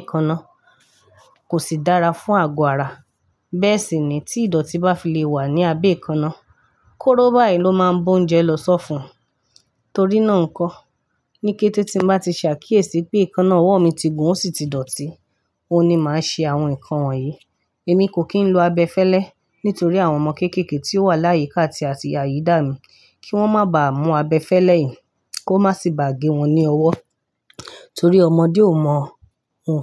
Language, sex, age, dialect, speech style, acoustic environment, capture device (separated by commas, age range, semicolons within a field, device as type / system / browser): Yoruba, female, 25-34, Nigeria - Ibadan, happy; relaxed; excited; focused, nature; silence; construction, mobile / Linux / Chrome